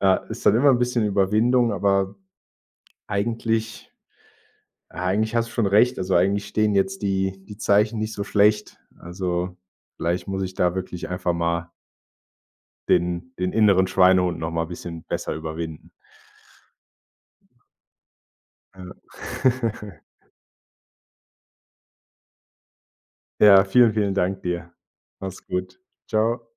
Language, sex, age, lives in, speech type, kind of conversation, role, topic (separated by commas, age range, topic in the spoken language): German, male, 25-29, Germany, conversation, advice, user, Wie gehe ich damit um, dass mir nach einem Erfolg Motivation und Lebenssinn fehlen?